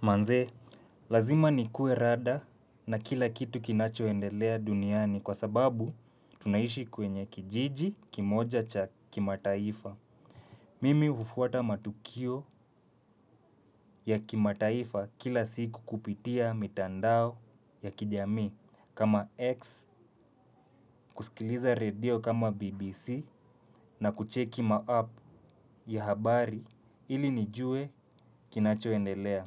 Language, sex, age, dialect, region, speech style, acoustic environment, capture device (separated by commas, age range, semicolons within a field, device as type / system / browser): Swahili, male, 25-34, Kenya - Nairobi Sheng-influenced, Kenya, relaxed; excited, nature, mobile / Linux / Chrome